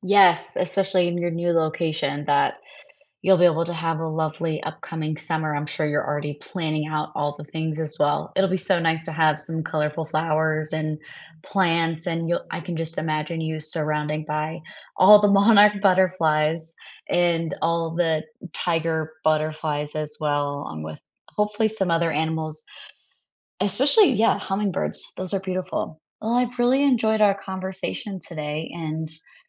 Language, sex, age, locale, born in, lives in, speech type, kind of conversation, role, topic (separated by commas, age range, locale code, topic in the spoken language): English, female, 25-29, en-US, United States, United States, conversation, unstructured, none, What made you smile recently?